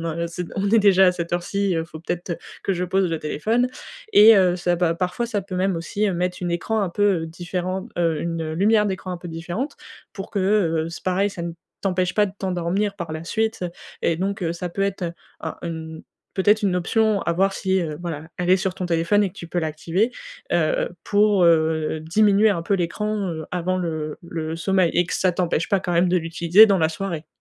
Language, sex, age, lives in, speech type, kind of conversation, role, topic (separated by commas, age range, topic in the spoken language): French, female, 20-24, France, conversation, advice, advisor, Comment puis-je réduire les distractions numériques pour mieux me concentrer et rester productif ?